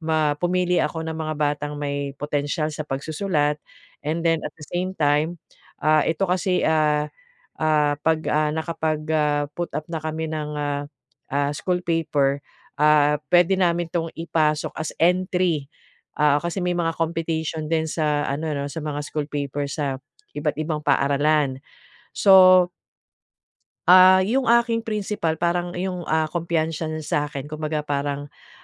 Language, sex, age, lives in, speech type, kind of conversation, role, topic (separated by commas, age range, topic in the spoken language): Filipino, female, 45-49, Philippines, conversation, advice, user, Paano ko mapapaunlad ang kumpiyansa ko sa pagtanggap ng papuri?